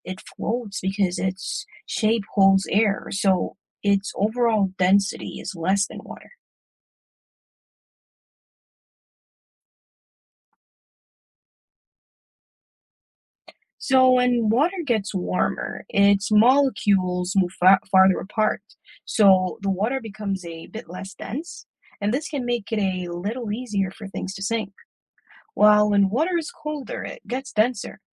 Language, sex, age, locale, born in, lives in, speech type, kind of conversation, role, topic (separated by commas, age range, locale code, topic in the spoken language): English, male, 25-29, en-US, Jamaica, United States, read, expert-assistant, expert, Why do some objects sink while others float?